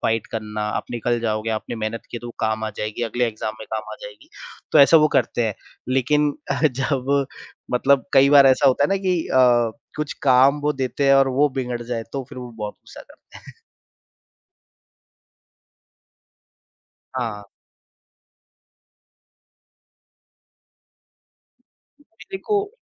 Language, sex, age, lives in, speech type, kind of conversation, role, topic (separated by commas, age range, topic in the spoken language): Hindi, male, 30-34, India, conversation, podcast, guest, Parents ka pressure tum par kaise asar karta tha?